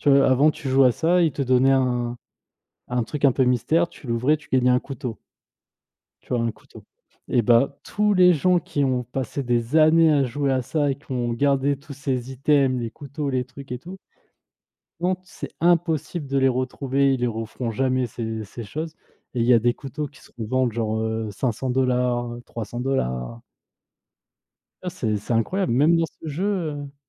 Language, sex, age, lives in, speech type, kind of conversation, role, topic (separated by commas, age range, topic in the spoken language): French, male, 30-34, France, conversation, unstructured, none, Comment imaginez-vous la vie avant l’électricité ?